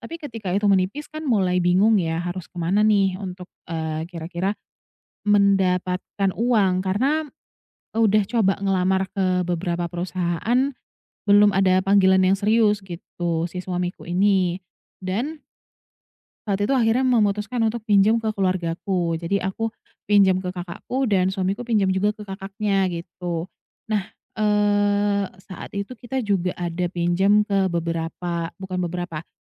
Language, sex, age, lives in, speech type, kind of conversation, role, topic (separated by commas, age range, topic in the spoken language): Indonesian, female, 30-34, Indonesia, conversation, advice, user, Bagaimana sebaiknya saya memprioritaskan antara melunasi utang dan menabung untuk tujuan lain?